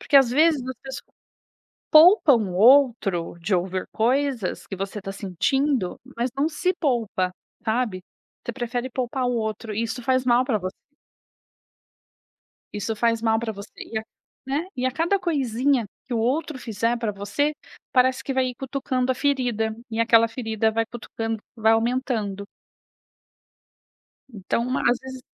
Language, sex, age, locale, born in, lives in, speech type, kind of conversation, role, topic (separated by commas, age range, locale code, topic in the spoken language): Portuguese, female, 35-39, pt-BR, Brazil, Italy, conversation, podcast, guest, Como lidar com conflitos entre amigos?